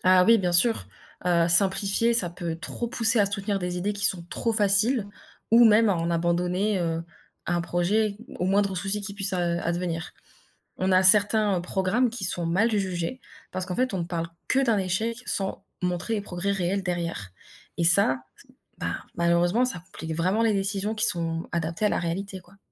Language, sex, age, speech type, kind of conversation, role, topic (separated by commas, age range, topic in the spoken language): French, female, 20-24, read, expert-assistant, expert, Pourquoi entend-on autant d’avis différents sur l’efficacité des programmes de préservation des animaux sauvages ?